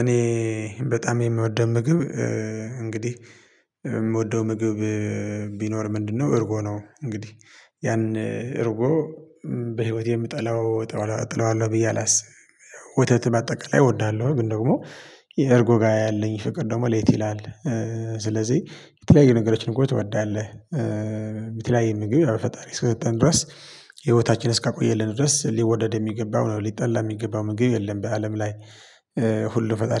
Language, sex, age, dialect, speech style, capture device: Amharic, male, 25-34, Ethiopia - Tigray, focused; tired, mobile / Linux / Chrome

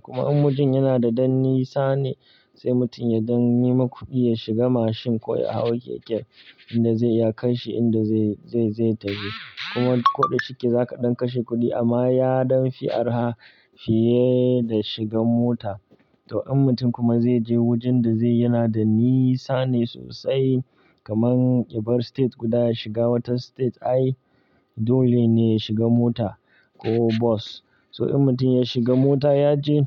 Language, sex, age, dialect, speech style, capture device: Hausa, male, 25-34, Nigeria - Kano, relaxed, mobile / Linux / Chrome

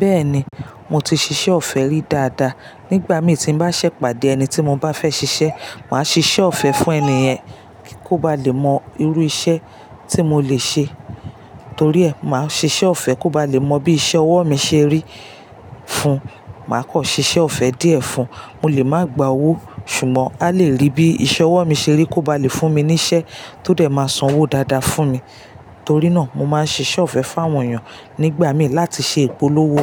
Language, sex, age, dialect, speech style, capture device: Yoruba, female, 35-44, Nigeria - Lagos Yoruba, relaxed, mobile / Linux / Chrome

